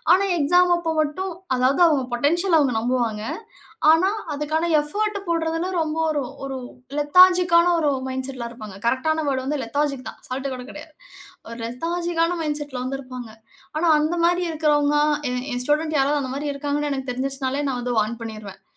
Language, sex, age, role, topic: Tamil, female, 35-39, guest, நீடித்த கற்றலுக்கு மனநிலை முக்கியமா?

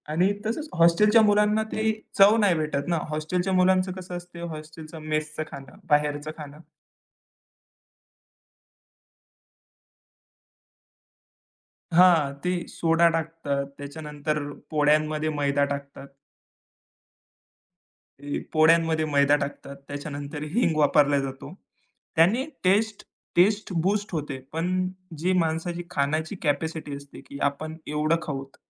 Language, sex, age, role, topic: Marathi, male, 20-24, guest, प्रेमाने बनवलेलं जेवण वेगळं चविष्ट का वाटतं?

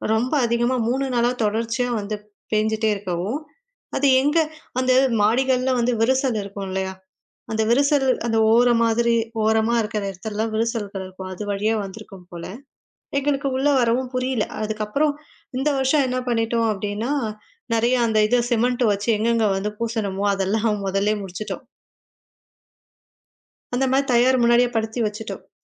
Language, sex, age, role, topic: Tamil, female, 20-24, guest, மழைக்காலம் உங்களை பெரிய மாற்றங்களுக்கு எப்படித் தயாராக்குகிறது?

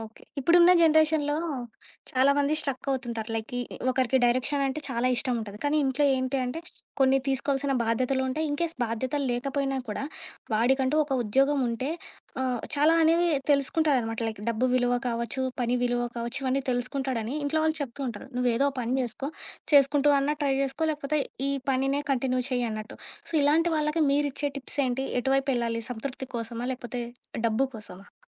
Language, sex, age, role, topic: Telugu, female, 25-29, host, సంతృప్తిగా ఉండడానికి జీతం ఎంత ముఖ్యమైంది?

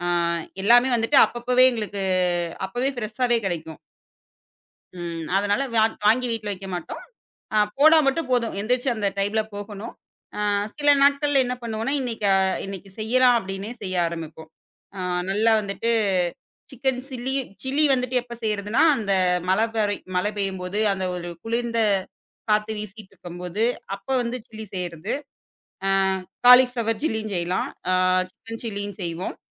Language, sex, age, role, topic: Tamil, female, 25-29, guest, மழைக்காலத்தில் வீட்டாருடன் பகிர்ந்து சாப்பிடும் சிற்றுண்டிகள் பற்றி சொல்ல முடியுமா?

